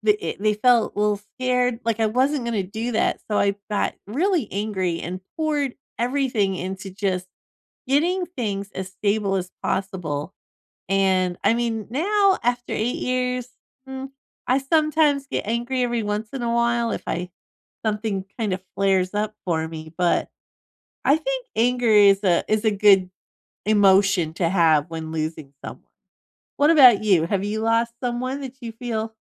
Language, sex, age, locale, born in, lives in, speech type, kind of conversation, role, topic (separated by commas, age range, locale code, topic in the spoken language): English, female, 50-54, en-US, United States, United States, conversation, unstructured, none, Why might some people feel anger instead of sadness after losing someone?